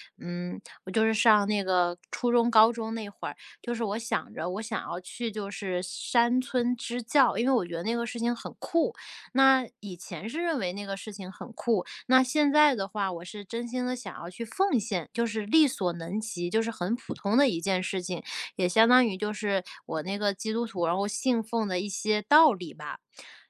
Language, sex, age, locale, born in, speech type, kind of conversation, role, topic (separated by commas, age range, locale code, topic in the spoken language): Chinese, female, 30-34, zh-CN, China, conversation, advice, user, 我该从哪里开始为社区或某项事业做贡献？